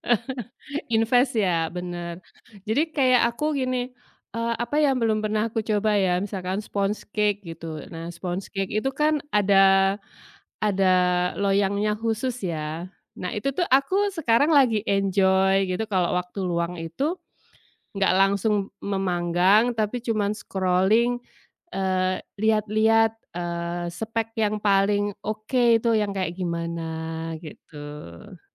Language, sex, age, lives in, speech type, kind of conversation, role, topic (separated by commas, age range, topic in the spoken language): Indonesian, female, 45-49, Indonesia, conversation, unstructured, none, Apa yang benar-benar membuat kamu frustrasi saat belajar hobi baru?